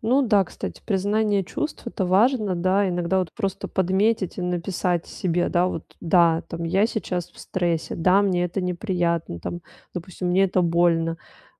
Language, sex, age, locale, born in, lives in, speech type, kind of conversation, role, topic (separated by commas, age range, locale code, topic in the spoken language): Russian, female, 35-39, ru-RU, Russia, Italy, conversation, advice, user, Как развить самосознание, не добавляя себе лишнего стресса?